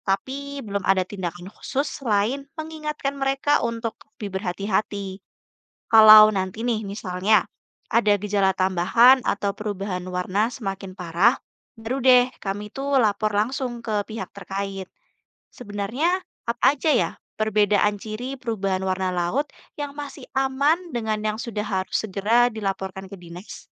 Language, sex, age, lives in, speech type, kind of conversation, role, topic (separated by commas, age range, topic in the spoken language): Indonesian, female, 20-24, Indonesia, read, expert-assistant, asker, Mengapa Warna Air Laut Bisa Berubah Secara Tiba-Tiba?